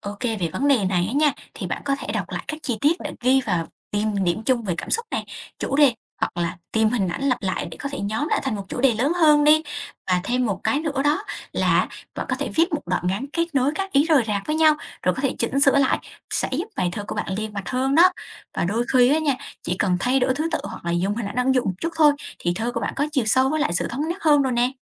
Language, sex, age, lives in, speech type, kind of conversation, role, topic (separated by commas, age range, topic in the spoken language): Vietnamese, female, 20-24, Vietnam, read, expert-assistant, expert, Làm thế nào để xây dựng thói quen đọc và viết thơ hiệu quả cho người bận rộn?